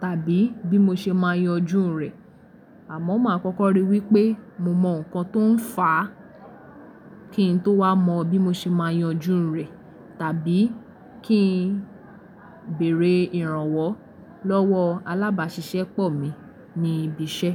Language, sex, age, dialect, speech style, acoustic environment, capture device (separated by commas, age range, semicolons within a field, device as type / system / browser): Yoruba, female, 18-24, Nigeria - Lagos Yoruba, relaxed; focused, people talking, mobile / Linux / Chrome